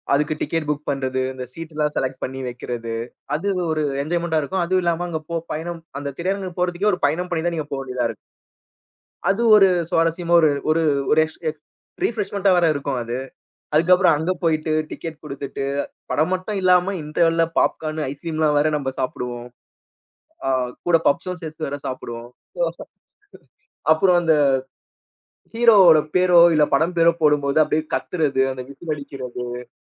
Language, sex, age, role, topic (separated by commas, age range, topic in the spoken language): Tamil, male, 30-34, host, ஒளிபரப்புத் தளங்கள் வந்த பிறகு நீங்கள் படங்கள், தொடர்கதைகள் போன்றவற்றைப் பார்ப்பது எப்படிச் மாறிவிட்டது?